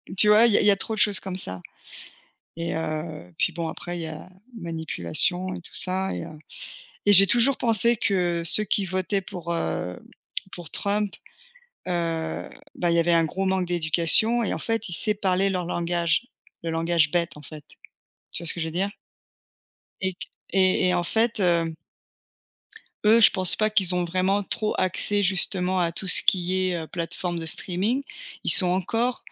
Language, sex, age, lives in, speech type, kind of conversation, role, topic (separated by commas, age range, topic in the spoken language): French, female, 40-44, United States, conversation, unstructured, none, Comment les plateformes de streaming ont-elles changé votre façon de consommer les médias ?